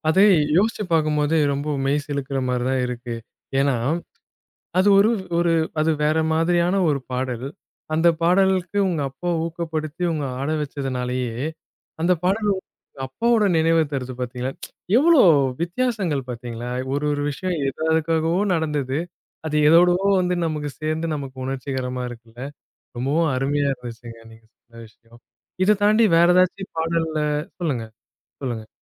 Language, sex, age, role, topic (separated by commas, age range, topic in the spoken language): Tamil, male, 30-34, host, அப்பா-அம்மாவின் வீட்டை நினைவுபடுத்தும் பாடல் என்ன?